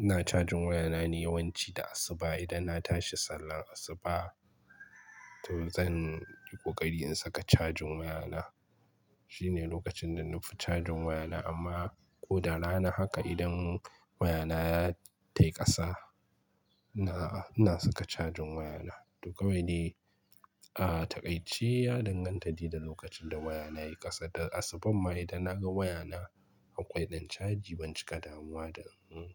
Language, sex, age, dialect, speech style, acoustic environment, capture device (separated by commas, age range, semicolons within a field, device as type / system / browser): Hausa, male, 25-34, Nigeria - Kano, relaxed, silence; nature, mobile / Linux / Chrome